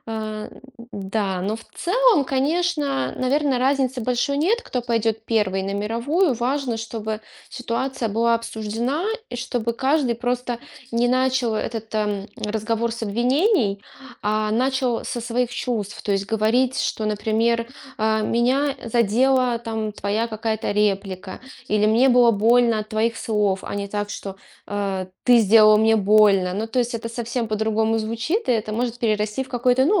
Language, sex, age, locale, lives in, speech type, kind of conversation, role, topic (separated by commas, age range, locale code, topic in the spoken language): Russian, female, 35-39, ru-RU, Estonia, conversation, podcast, guest, Как восстановить общение после серьёзной ссоры?